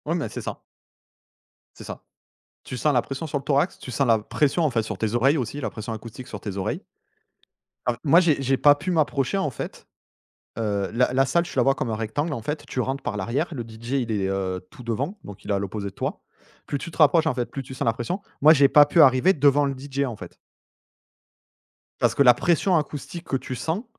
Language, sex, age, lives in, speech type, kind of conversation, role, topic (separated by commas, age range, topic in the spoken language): French, male, 35-39, France, conversation, unstructured, none, Comment la technologie change-t-elle ta façon de communiquer avec les autres ?